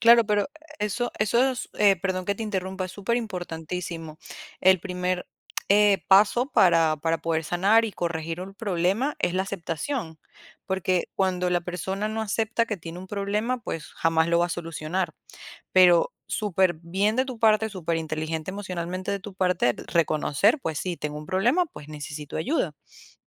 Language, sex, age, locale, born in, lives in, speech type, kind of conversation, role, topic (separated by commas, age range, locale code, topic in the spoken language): Spanish, female, 25-29, es-VE, Venezuela, United States, conversation, advice, advisor, ¿Sientes que dependes del alcohol o de pastillas para poder dormir?